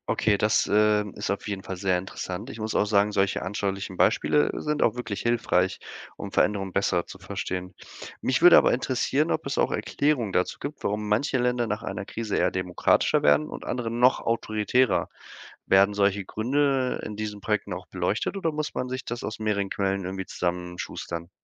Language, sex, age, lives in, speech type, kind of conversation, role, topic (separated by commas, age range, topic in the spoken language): German, male, 25-29, Germany, read, expert-assistant, asker, Wenn ich wissen will, wie Regierungen in anderen Ländern funktionieren: Wo fange ich an, und wie finde ich verlässliche Infos?